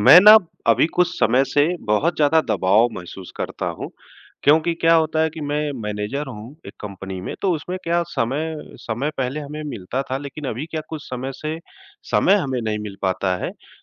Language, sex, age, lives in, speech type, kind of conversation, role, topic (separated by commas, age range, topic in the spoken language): Hindi, male, 40-44, India, conversation, advice, user, समय के दबाव में आपकी रचनात्मकता कैसे ठहर जाती है?